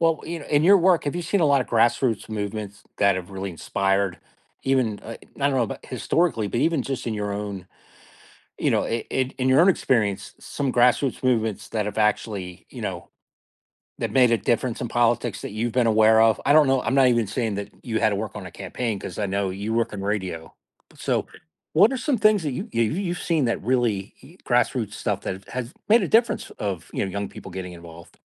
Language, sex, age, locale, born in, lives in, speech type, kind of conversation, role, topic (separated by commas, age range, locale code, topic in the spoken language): English, male, 50-54, en-US, United States, United States, conversation, podcast, host, What motivates young people to become active in politics and how can they make a difference?